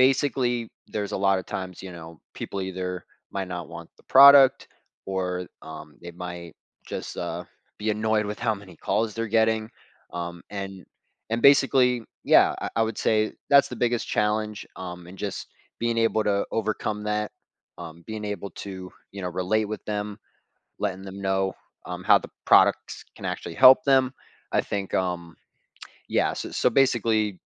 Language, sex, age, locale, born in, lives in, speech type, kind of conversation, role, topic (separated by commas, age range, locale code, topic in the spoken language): English, male, 20-24, en-US, United States, United States, conversation, podcast, guest, How do you handle the most difficult aspects of your work?